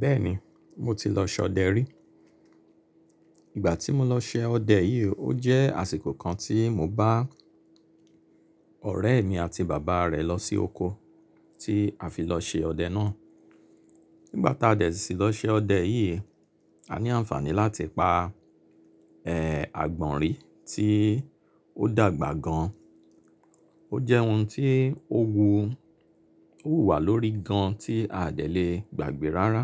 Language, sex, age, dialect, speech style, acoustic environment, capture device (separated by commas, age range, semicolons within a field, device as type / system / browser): Yoruba, male, 35-44, Nigeria - Lagos Yoruba, relaxed, silence, mobile / Linux / Chrome